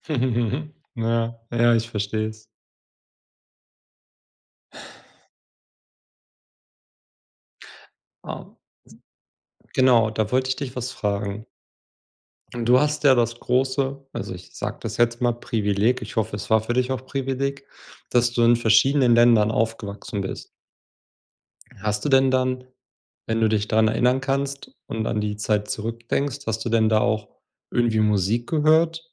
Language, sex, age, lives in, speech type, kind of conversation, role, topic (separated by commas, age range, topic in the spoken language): German, male, 30-34, Germany, conversation, unstructured, none, Welche Musik weckt bei dir sofort Erinnerungen?